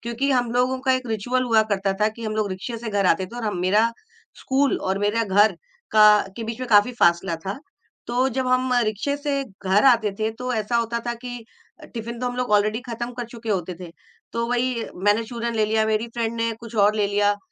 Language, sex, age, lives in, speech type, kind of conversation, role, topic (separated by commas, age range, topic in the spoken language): Hindi, female, 45-49, India, conversation, podcast, guest, Bachpan ka kaunsa khaas swad aapko aaj bhi yaad hai?